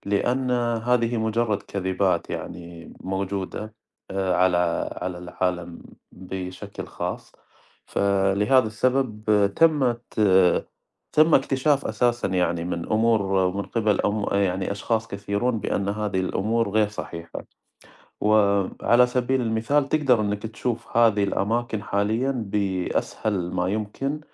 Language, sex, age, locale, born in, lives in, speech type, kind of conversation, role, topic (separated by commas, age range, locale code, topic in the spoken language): Arabic, male, 30-34, ar-SA, Saudi Arabia, United States, conversation, unstructured, none, إيه هي نظريتك عن سبب اختفاء السفن في مثلث برمودا؟